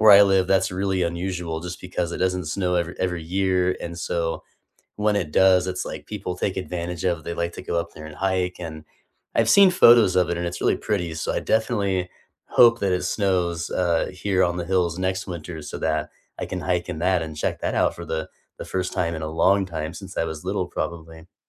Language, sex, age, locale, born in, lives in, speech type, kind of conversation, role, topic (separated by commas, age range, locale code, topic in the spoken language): English, male, 30-34, en-US, United States, United States, conversation, unstructured, none, What local walking routes or neighborhoods do you love to wander, and what makes them special?